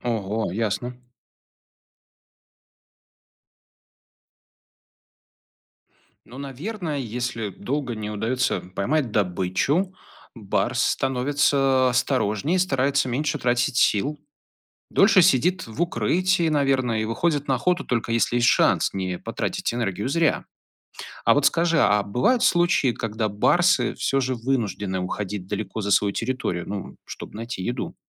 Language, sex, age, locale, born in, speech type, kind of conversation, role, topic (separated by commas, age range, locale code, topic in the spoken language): Russian, male, 35-39, ru-RU, Belarus, read, expert-assistant, asker, Почему одни животные живут в стае, а другие — поодиночке?